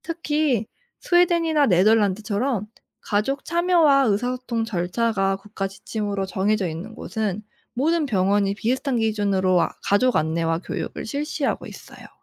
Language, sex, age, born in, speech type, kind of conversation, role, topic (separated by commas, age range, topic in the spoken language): Korean, female, 25-29, South Korea, read, expert-assistant, expert, 병원에서 가족 참여가 나라마다 다른 이유는 무엇인가요?